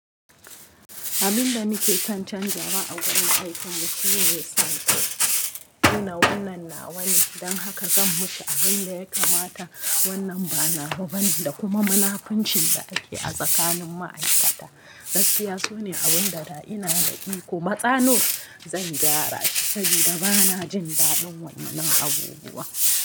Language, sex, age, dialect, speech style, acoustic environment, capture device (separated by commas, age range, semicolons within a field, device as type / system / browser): Hausa, female, 25-34, Nigeria - Kano, relaxed, nature; people talking, mobile / Linux / Chrome